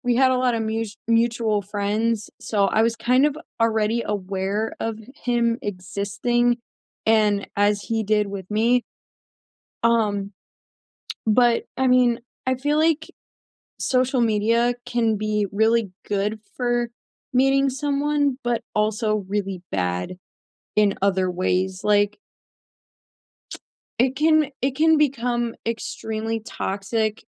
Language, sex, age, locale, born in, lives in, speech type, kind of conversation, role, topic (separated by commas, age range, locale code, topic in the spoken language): English, female, 20-24, en-US, United States, United States, conversation, unstructured, none, How are the rules of modern dating changing for you, and what actually works?